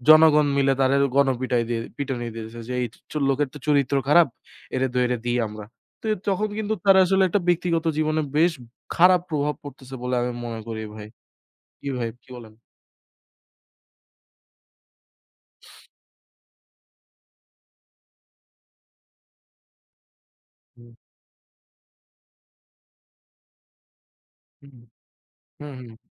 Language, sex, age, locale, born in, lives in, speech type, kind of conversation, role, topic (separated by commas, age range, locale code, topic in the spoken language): Bengali, male, 20-24, bn-BD, Bangladesh, Bangladesh, conversation, unstructured, none, বর্তমান সময়ে সমাজমাধ্যমের প্রভাবকে আপনি কীভাবে দেখছেন?